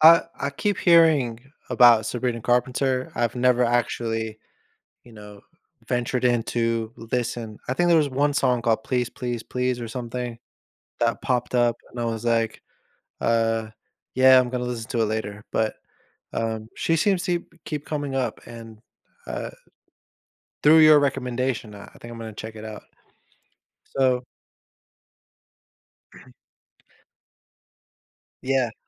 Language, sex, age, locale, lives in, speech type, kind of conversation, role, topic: English, male, 30-34, en-US, United States, conversation, podcast, host, Why do you think music brings people together in meaningful ways?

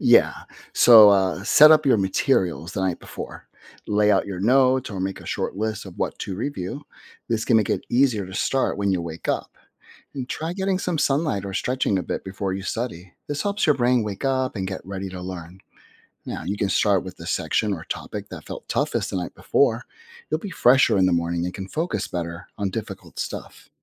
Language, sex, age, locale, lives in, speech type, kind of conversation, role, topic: English, male, 50-54, en-US, United States, read, expert-assistant, expert, What are some habits that help you stay focused in class?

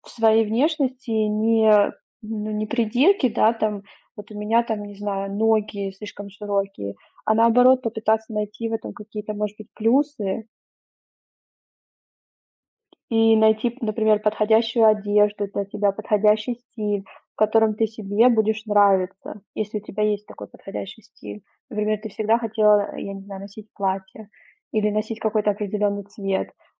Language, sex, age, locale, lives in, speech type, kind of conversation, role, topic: Russian, female, 30-34, ru-RU, Germany, conversation, advice, advisor, Как проявляется ваша неуверенность в своей внешности или в отношении своего тела?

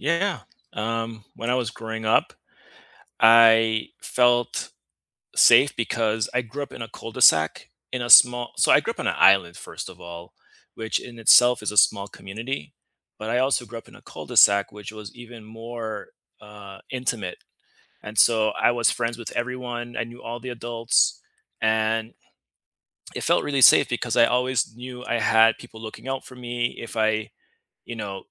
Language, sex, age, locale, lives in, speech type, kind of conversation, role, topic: English, male, 35-39, en-US, United States, conversation, unstructured, none, How has your sense of home evolved from childhood rooms to the spaces you choose now?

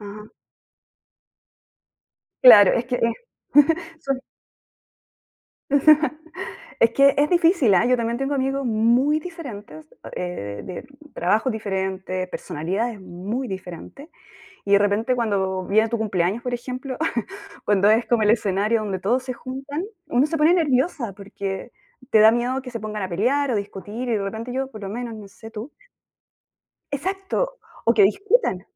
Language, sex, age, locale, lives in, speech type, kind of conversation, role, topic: Spanish, female, 35-39, es-CL, Spain, conversation, unstructured, none, ¿Qué es lo que más valoras en una amistad verdadera?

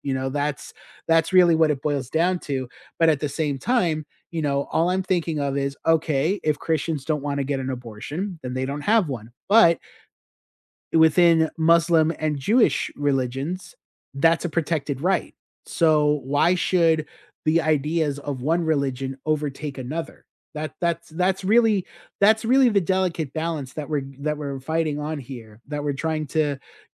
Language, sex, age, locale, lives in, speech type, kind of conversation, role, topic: English, male, 35-39, en-US, United States, conversation, unstructured, none, What are some unexpected similarities between different religions?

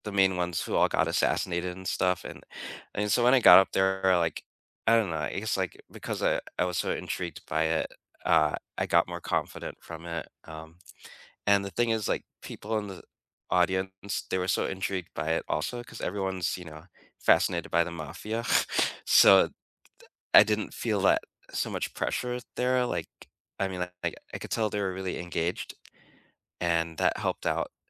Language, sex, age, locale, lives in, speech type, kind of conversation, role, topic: English, male, 45-49, en-US, United States, conversation, unstructured, none, What class project will you never forget, and what made it so meaningful for you?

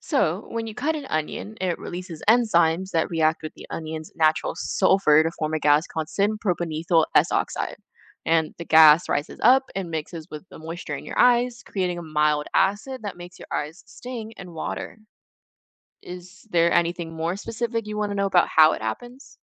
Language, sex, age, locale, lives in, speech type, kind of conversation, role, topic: English, female, 20-24, en-US, United States, read, expert-assistant, expert, Why do onions make you cry when you cut them?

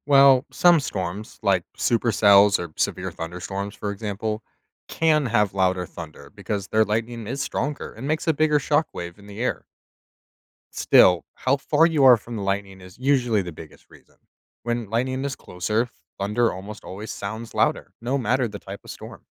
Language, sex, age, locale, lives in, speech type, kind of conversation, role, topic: English, male, 25-29, en-US, United States, read, expert-assistant, expert, Why does lightning appear before thunder is heard?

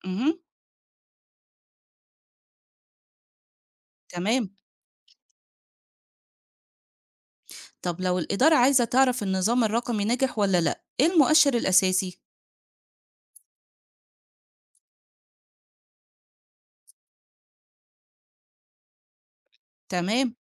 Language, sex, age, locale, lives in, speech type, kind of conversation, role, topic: Arabic, female, 40-44, ar-EG, Egypt, read, expert-assistant, asker, إيه الأفضل لمستشفياتنا: نعتمد على نظام الإدارة التقليدية ولا نجرب أنظمة حديثة زي الإدارة الرقمية؟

